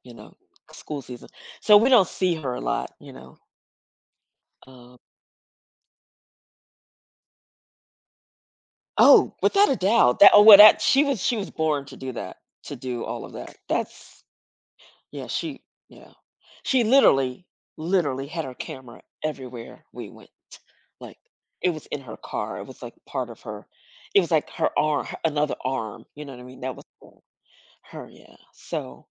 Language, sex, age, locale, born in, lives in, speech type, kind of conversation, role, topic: English, female, 55-59, en-US, United States, United States, conversation, advice, user, How can I keep feeling grateful and stay connected after a meaningful reunion?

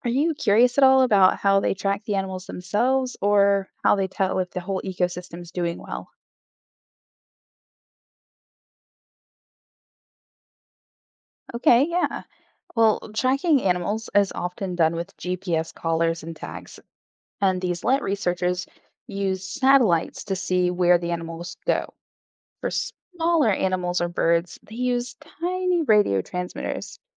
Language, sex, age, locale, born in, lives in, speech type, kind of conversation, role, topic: English, female, 35-39, en-US, Germany, United States, read, expert-assistant, expert, How do conservationists protect endangered species?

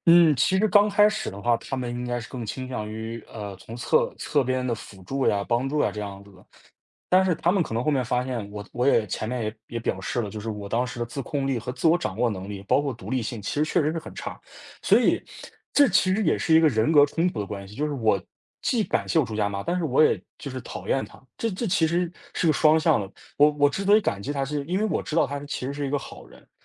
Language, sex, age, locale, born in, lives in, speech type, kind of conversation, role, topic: Chinese, male, 20-24, zh-CN, China, United States, conversation, podcast, guest, 有没有一个你曾经深信不疑、但后来彻底放弃的信念？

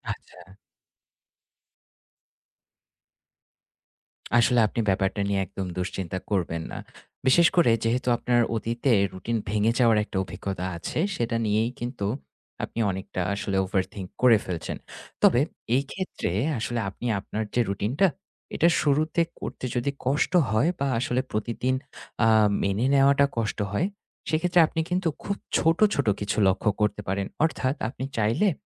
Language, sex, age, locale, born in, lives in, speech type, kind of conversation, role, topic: Bengali, male, 30-34, bn-BD, Bangladesh, Finland, conversation, advice, advisor, আমি কীভাবে সহজে নিজের জন্য দৈনন্দিন সময়সূচী ও রুটিন তৈরি করতে পারি?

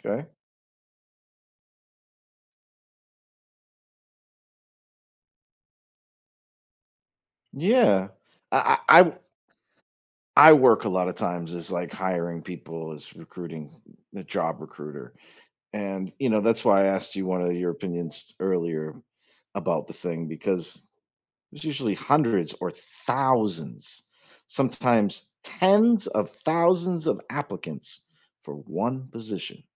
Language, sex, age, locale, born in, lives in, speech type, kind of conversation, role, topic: English, male, 45-49, en-US, United States, United States, conversation, podcast, host, In what ways has remote work changed the way people build and advance their careers?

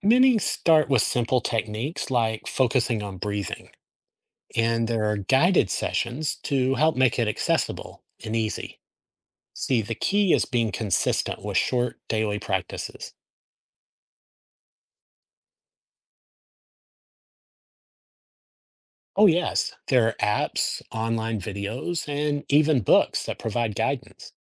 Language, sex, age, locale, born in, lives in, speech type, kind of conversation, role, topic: English, male, 50-54, en-US, United States, United States, read, expert-assistant, expert, What are the benefits of meditation for mental health?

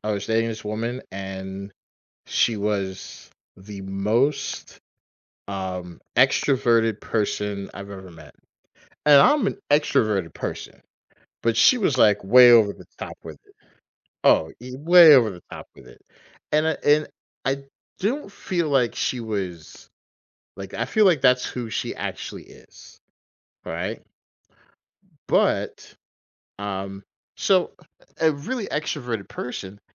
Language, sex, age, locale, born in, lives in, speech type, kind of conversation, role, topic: English, male, 45-49, en-US, United States, United States, conversation, podcast, guest, How can mistakes help us grow and improve in life?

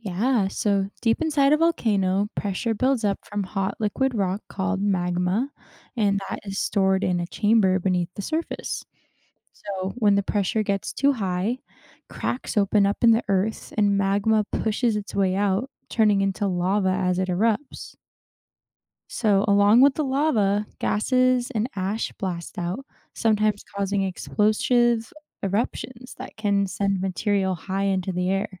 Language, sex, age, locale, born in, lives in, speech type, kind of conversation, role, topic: English, female, 20-24, en-US, United States, United States, read, expert-assistant, expert, What happens inside a volcano during an eruption?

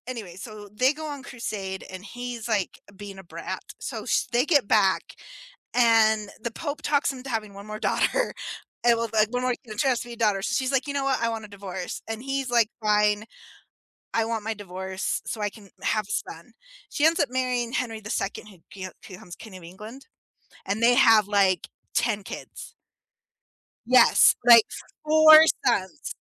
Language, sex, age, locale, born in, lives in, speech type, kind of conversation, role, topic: English, female, 45-49, en-US, United States, United States, conversation, unstructured, none, How have your beliefs, doubts, and search for meaning shaped who you are?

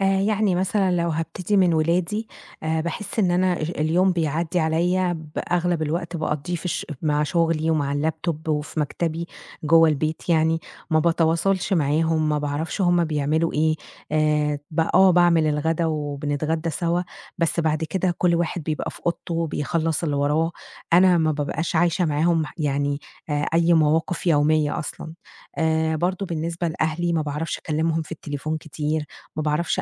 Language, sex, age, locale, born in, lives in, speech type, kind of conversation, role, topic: Arabic, female, 30-34, ar-EG, Egypt, Egypt, conversation, advice, user, إزاي أتعامل مع إحساسي بالذنب ناحية أهلي بسبب وقت الشغل؟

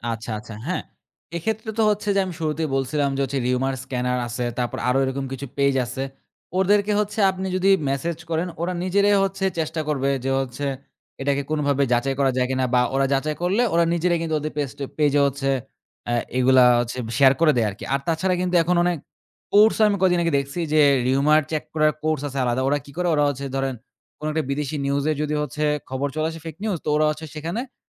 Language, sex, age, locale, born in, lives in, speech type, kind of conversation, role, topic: Bengali, male, 20-24, bn-BD, Bangladesh, Bangladesh, conversation, podcast, guest, তুমি কীভাবে ভুয়া খবর বা গুজব চিনে নাও?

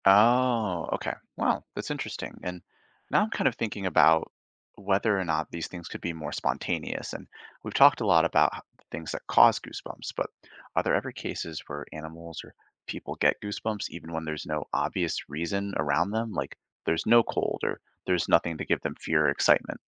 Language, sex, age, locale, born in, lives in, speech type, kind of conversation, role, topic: English, male, 25-29, en-US, Canada, United States, read, expert-assistant, asker, What causes goosebumps during cold or fear?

